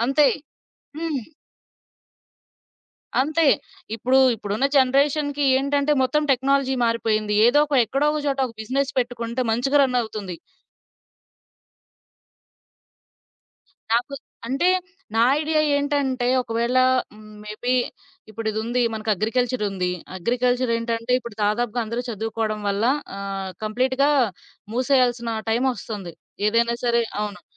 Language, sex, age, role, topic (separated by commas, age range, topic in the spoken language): Telugu, female, 20-24, guest, ఒక నిర్ణయం మీ జీవితం మార్చిందా?